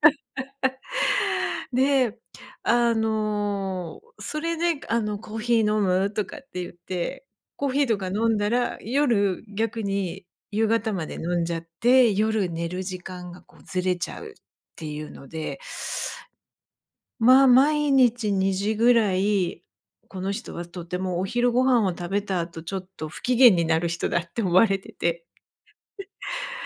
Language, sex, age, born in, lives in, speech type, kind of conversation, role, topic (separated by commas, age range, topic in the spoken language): Japanese, female, 50-54, Japan, Japan, conversation, advice, user, 昼間に強い眠気に襲われて集中できないのはなぜですか？